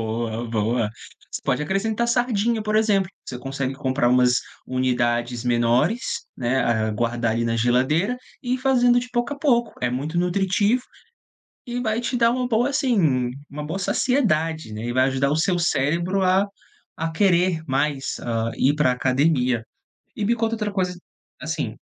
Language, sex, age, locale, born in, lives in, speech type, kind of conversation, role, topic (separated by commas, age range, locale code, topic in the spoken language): Portuguese, male, 30-34, pt-BR, Brazil, Portugal, conversation, advice, advisor, Como posso recuperar a motivação para praticar exercícios regularmente?